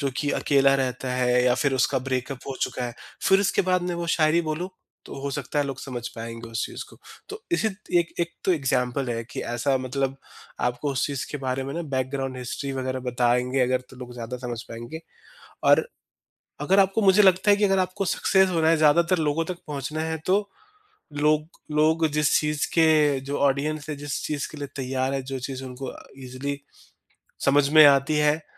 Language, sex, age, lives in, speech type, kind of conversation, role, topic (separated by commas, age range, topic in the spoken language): Hindi, male, 30-34, India, conversation, podcast, guest, Kya tum kuch banate waqt apni audience ko dhyaan mein rakhte ho?